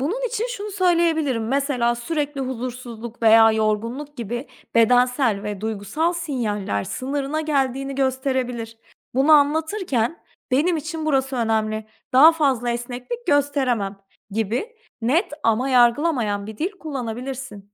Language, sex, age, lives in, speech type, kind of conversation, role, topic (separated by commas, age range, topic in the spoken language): Turkish, female, 30-34, Portugal, read, expert-assistant, expert, Yakın ilişkilerde isteklerimizden ne zaman vazgeçmeli, ne zaman ısrarcı olmalıyız? Bunun sınırını nasıl belirleriz?